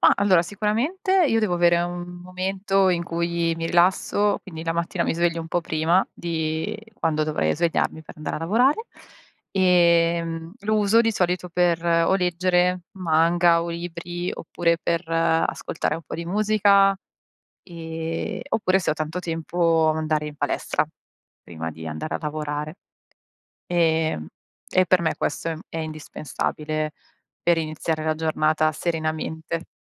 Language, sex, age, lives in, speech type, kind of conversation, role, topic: Italian, female, 40-44, Italy, conversation, unstructured, none, Come descriveresti una giornata ideale per il tuo benessere emotivo?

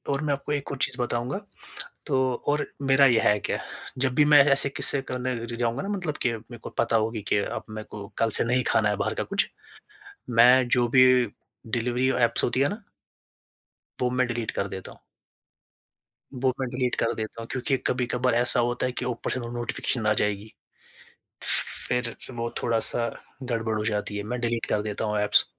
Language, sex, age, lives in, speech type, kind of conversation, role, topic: Hindi, male, 20-24, India, conversation, podcast, guest, Busy schedule mein aap healthy aadatein kaise fit karte hain?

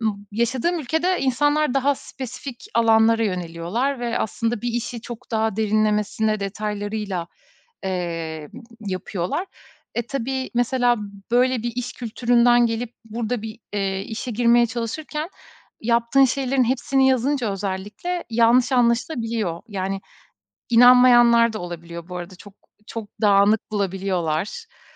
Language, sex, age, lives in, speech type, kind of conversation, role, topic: Turkish, female, 35-39, Estonia, conversation, podcast, guest, Yeni bir sektöre geçerken atacağın ilk adım ne olur?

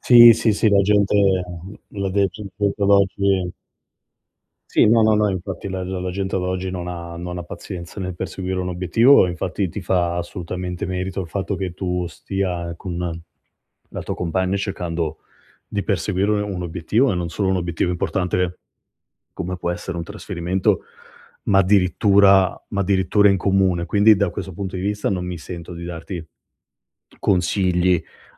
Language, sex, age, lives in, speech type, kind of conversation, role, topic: Italian, male, 35-39, Italy, conversation, advice, advisor, Come posso evitare di fare spese per via della pressione sociale e del consumismo?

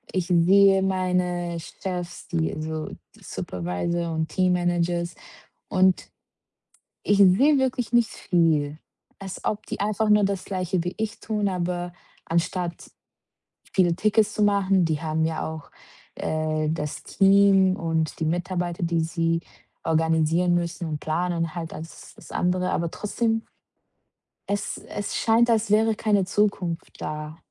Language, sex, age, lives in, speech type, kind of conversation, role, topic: German, female, 25-29, Portugal, conversation, advice, user, Warum fühlt sich dein Job für dich sinnlos an?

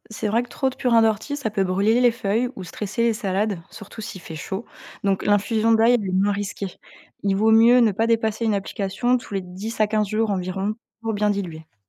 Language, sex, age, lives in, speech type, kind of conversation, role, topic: French, female, 30-34, France, read, expert-assistant, expert, Comment faire face aux imprévus météo qui menacent les cultures, comme la sécheresse ou la grêle ?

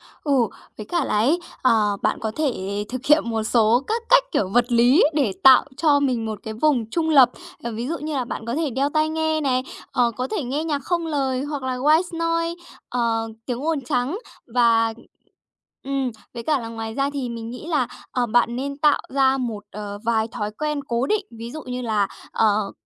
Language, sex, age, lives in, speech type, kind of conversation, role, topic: Vietnamese, female, 30-34, Japan, conversation, advice, advisor, Làm thế nào để tôi bớt bị ảnh hưởng bởi môi trường xung quanh?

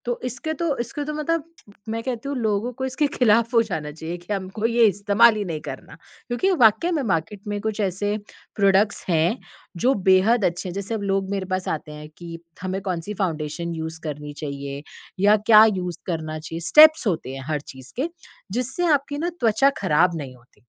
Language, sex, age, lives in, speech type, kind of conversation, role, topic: Hindi, female, 30-34, India, conversation, podcast, guest, क्या मेकअप आपको आत्मविश्वास देता है या प्राकृतिक रूप ज़्यादा पसंद है?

